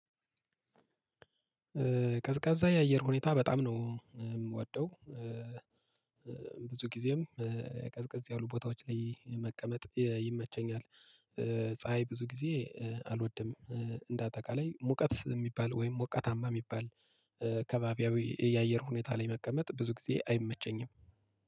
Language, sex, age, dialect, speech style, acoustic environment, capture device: Amharic, male, 25-34, Ethiopia - Gojjam, relaxed, nature, mobile / Linux / Chrome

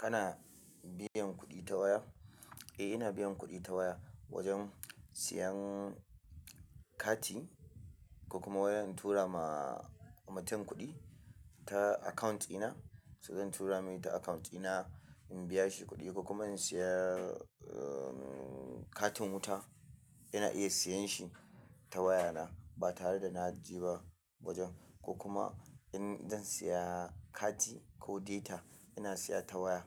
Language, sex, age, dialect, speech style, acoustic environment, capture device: Hausa, male, 25-34, Nigeria - Kano, relaxed, silence, mobile / Linux / Chrome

